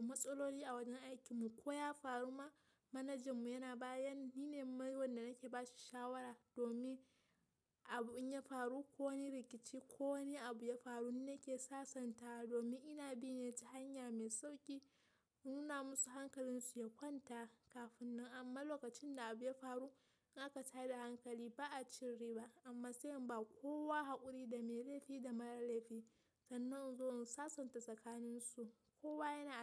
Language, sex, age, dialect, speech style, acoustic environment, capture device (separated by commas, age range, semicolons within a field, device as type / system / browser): Hausa, female, 18-24, Nigeria - Kano, happy, nature, mobile / Linux / Chrome